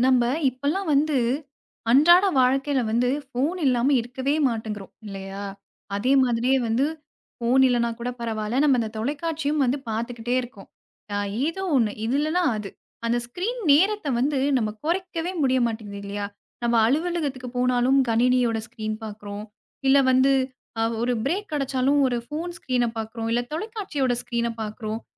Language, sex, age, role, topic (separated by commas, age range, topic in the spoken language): Tamil, female, 25-29, host, தினசரி திரை நேரத்தை நீங்கள் எப்படிக் கட்டுப்படுத்திக் கொள்கிறீர்கள்?